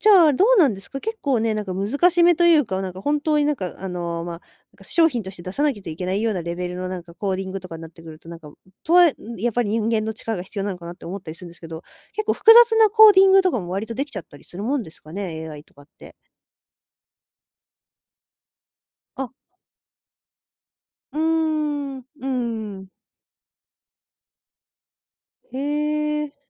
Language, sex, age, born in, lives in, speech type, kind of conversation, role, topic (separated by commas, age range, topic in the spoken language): Japanese, female, 20-24, Japan, Japan, conversation, podcast, host, 仕事でAIの導入が進んだら、私たちの生活はどう変わると思いますか？